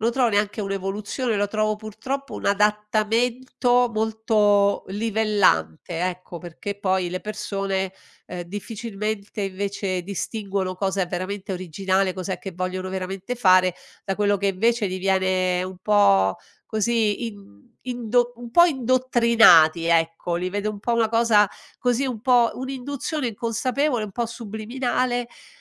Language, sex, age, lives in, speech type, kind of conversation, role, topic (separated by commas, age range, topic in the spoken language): Italian, female, 60-64, Italy, conversation, podcast, guest, Ti definisci più minimalista o amante dell’espressione, e perché?